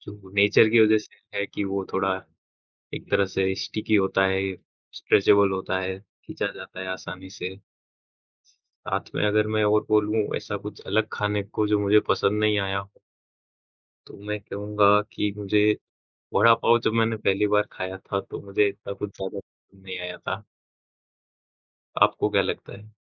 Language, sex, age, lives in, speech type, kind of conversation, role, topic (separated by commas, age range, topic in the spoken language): Hindi, male, 20-24, India, conversation, unstructured, none, आपको कौन-सा अजीब भोजन बिल्कुल पसंद नहीं है?